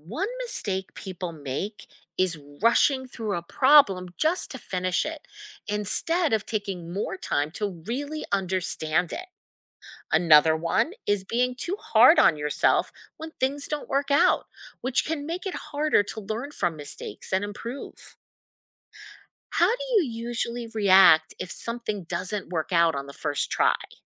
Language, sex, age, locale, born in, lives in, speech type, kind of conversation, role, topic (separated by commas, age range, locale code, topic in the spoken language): English, female, 50-54, en-US, United States, United States, read, expert-assistant, expert, How does problem-solving boost confidence?